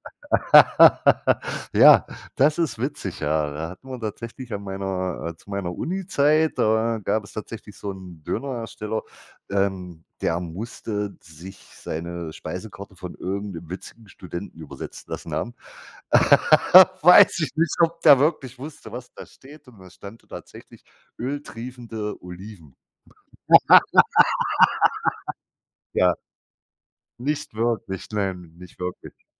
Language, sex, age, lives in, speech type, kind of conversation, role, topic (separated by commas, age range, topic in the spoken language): German, male, 50-54, Germany, conversation, unstructured, none, Wie stehst du zu Fastfood in deinem Leben?